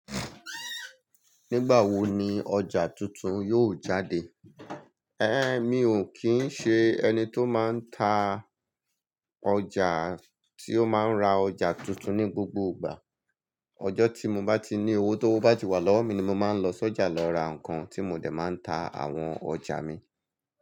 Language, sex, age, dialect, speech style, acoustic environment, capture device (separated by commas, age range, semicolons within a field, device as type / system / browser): Yoruba, male, 35-44, Nigeria - Lagos Yoruba, happy, people talking, mobile / Linux / Chrome